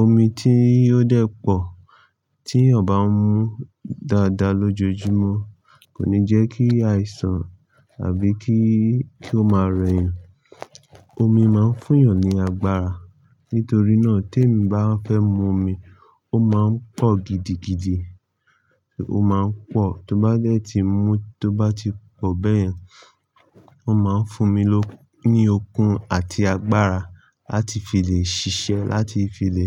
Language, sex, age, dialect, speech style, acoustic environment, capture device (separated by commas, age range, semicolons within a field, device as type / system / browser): Yoruba, male, 25-34, Nigeria - Lagos Yoruba, happy; excited; nervous, nature, mobile / Linux / Chrome